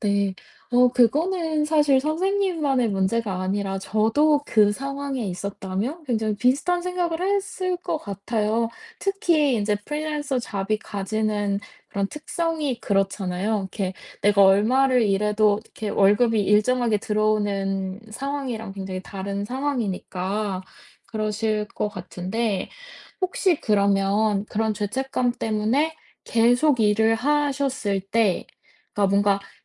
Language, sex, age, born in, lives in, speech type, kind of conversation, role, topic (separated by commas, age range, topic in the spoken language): Korean, female, 30-34, South Korea, United States, conversation, advice, advisor, 여가 시간에 죄책감과 산만함 없이 편하게 쉬려면 어떻게 해야 하나요?